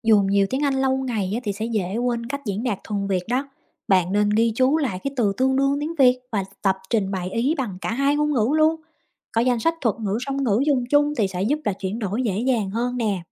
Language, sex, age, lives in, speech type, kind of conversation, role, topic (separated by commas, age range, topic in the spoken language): Vietnamese, female, 30-34, United States, read, expert-assistant, expert, Việc dùng lẫn tiếng Anh trong giao tiếp hàng ngày ở Việt Nam mang lại lợi ích và bất tiện gì?